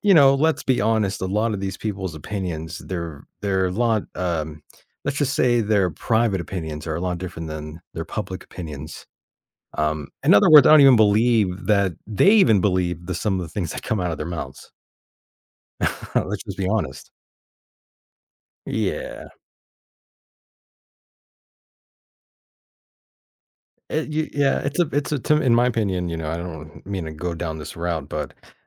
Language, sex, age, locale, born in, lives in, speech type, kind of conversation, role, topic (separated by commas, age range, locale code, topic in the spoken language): English, male, 35-39, en-US, United States, United States, conversation, podcast, host, What makes starting a conversation with someone new feel easy or difficult?